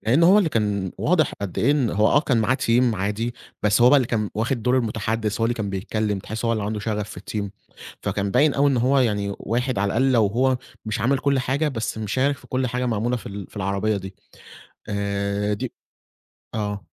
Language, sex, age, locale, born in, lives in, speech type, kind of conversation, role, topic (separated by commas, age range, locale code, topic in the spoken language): Arabic, male, 20-24, ar-EG, Egypt, Egypt, conversation, podcast, guest, احكيلي عن مهرجان حضرته وإيه اللي لفت نظرك فيه؟